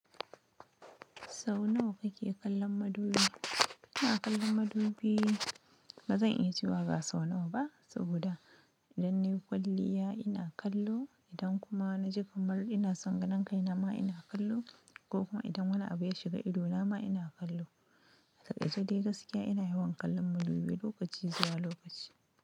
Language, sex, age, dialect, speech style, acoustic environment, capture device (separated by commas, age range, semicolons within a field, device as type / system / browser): Hausa, female, 25-34, Nigeria - Nigerian Standard, happy; excited, silence, mobile / Linux / Chrome